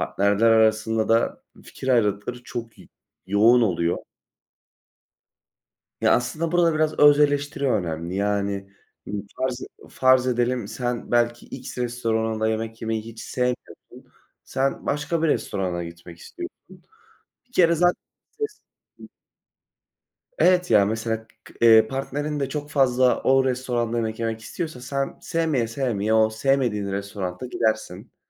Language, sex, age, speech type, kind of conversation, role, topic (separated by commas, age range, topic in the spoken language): Turkish, male, 20-24, conversation, unstructured, none, İlişkide farklılıklara saygı duymanın önemi nedir?